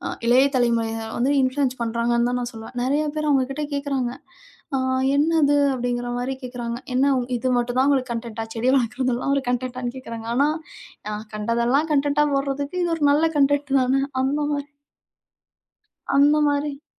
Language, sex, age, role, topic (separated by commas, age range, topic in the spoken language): Tamil, female, 35-39, guest, இளம் தலைமுறையை சுற்றுச்சூழல் பணிகளில் ஈடுபடச் செய்ய வேடிக்கையாகவும் சுவாரசியமாகவும் என்ன வழிகள் இருக்கலாம்?